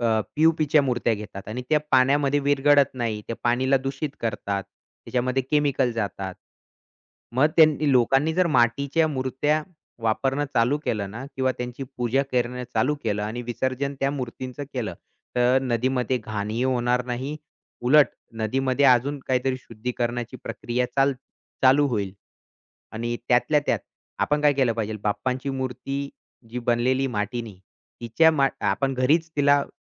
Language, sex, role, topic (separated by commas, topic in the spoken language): Marathi, male, guest, नदी स्वच्छ ठेवण्यासाठी एक सामान्य नागरिक काय करू शकतो?